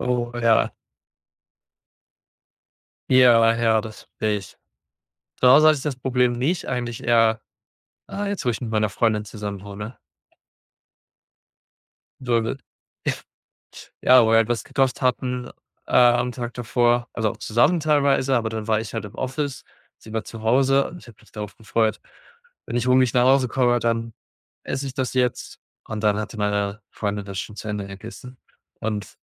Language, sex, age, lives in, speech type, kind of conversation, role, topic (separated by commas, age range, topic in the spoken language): German, male, 25-29, Germany, conversation, unstructured, none, Wie beeinflusst Essen deine Stimmung?